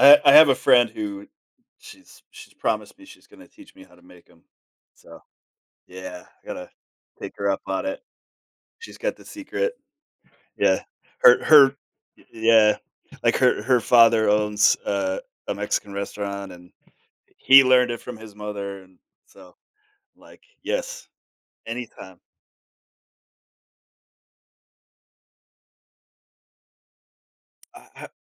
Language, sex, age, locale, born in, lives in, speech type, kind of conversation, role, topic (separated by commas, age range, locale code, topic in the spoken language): English, male, 40-44, en-US, United States, United States, conversation, podcast, host, Why is sharing food such an important part of celebrating together?